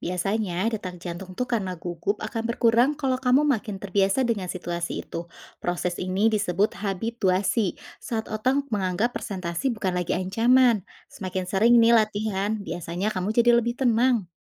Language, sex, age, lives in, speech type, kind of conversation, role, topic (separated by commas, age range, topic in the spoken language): Indonesian, female, 35-39, Indonesia, read, expert-assistant, expert, Mengapa jantung kita berdetak lebih cepat ketika gugup atau takut?